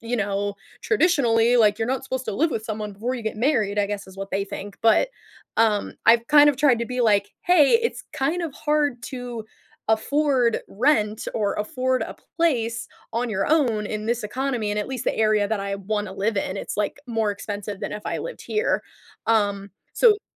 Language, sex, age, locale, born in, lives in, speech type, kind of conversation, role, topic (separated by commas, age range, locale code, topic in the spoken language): English, female, 20-24, en-US, United States, United States, conversation, podcast, guest, How can families resolve conflict in healthy ways?